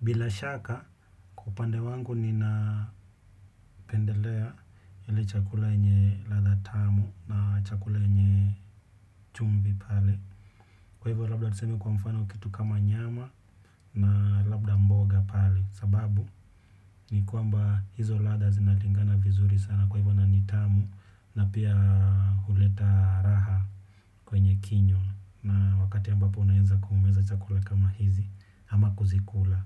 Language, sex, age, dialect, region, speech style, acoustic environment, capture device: Swahili, male, 25-34, Kenya - Nairobi Sheng-influenced, Kenya, relaxed, silence, desktop / Windows / Chrome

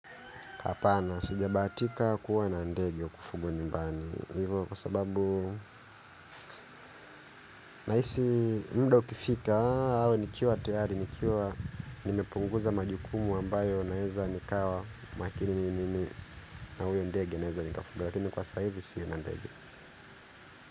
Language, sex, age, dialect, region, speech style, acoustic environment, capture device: Swahili, male, 25-34, Kenya - Nairobi Sheng-influenced, Kenya, happy, people talking; traffic; construction; nature, mobile / Linux / Chrome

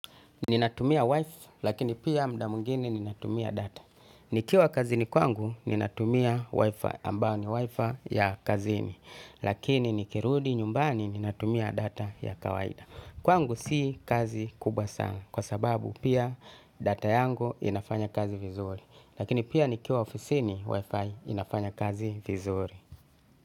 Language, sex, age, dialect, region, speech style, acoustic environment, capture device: Swahili, male, 35-44, Tanzania - Dar es Salaam, Tanzania, anxious, music, desktop / Linux / Chrome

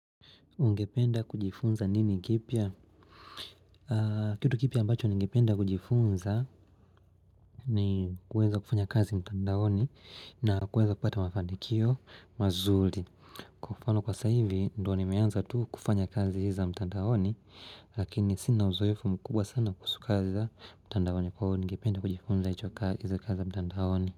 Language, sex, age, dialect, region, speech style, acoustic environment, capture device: Swahili, male, 25-34, Tanzania - Dar es Salaam, Tanzania, happy; relaxed, nature; silence; music; people talking; appliances, mobile / Linux / Chrome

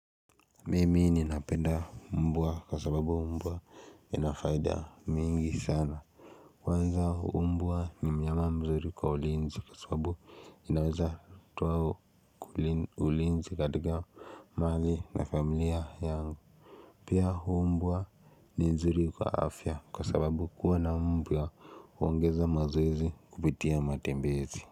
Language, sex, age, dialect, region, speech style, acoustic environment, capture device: Swahili, male, 18-24, Kenya - Nairobi Sheng-influenced, Kenya, relaxed; focused, silence, mobile / Linux / Chrome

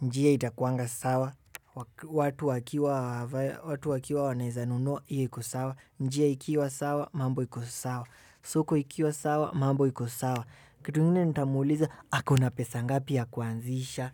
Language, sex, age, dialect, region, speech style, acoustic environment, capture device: Swahili, male, 18-24, Kenya - Nairobi Sheng-influenced, Kenya, excited; focused, appliances; music, desktop / Windows / Chrome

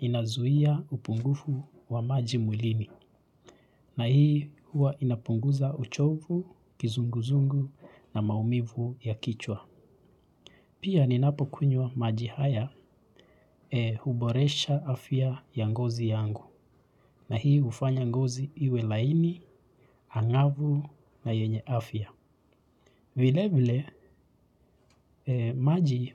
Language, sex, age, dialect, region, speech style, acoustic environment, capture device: Swahili, male, 25-34, Kenya - Nairobi Sheng-influenced, Kenya, relaxed; excited, silence, mobile / Linux / Chrome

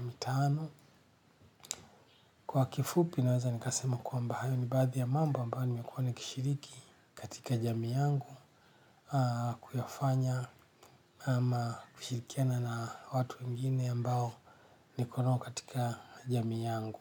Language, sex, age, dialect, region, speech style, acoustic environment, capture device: Swahili, male, 45-54, Kenya - Nairobi Sheng-influenced, Kenya, relaxed; happy, silence; nature, desktop / Linux / Chrome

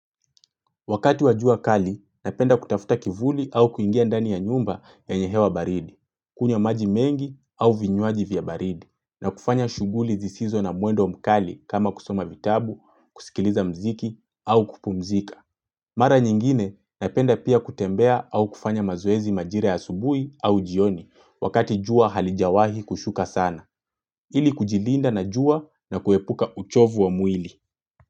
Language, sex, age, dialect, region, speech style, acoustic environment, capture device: Swahili, male, 25-34, Kenya - Mombasa, Kenya, relaxed, silence, mobile / Linux / Chrome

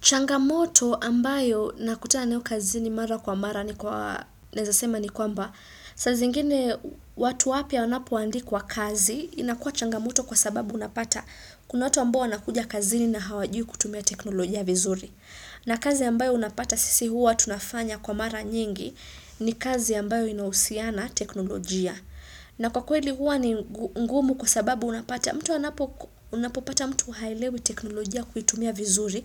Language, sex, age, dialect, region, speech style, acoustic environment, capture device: Swahili, female, 25-34, Kenya - Mombasa, Kenya, excited; focused, silence, desktop / Windows / Chrome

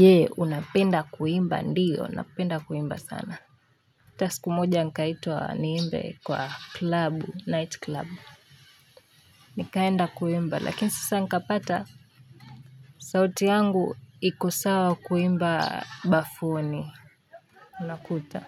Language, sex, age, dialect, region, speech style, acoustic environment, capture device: Swahili, female, 18-24, Kenya - Nairobi Sheng-influenced, Kenya, relaxed, nature; silence; people talking, mobile / Linux / Chrome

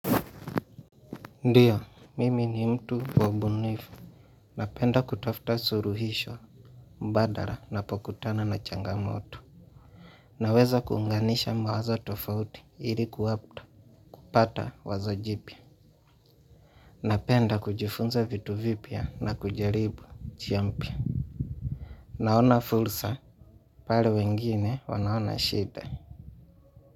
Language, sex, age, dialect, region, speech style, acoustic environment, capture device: Swahili, male, 25-34, Kenya - Mombasa, Kenya, relaxed, silence, mobile / Linux / Chrome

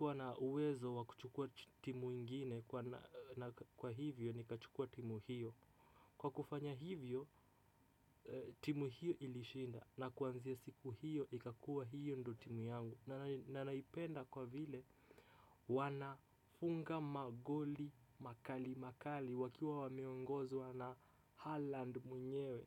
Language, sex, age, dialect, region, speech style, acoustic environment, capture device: Swahili, male, 25-34, Kenya - Mombasa, Kenya, relaxed, nature, desktop / Windows / Chrome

